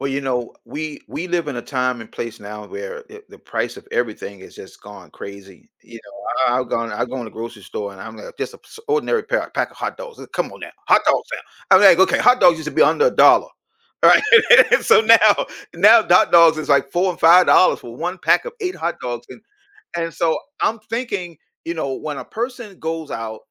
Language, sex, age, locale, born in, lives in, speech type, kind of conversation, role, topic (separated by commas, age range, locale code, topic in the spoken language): English, male, 55-59, en-US, United States, United States, conversation, podcast, host, Why do so many people struggle with managing their finances effectively?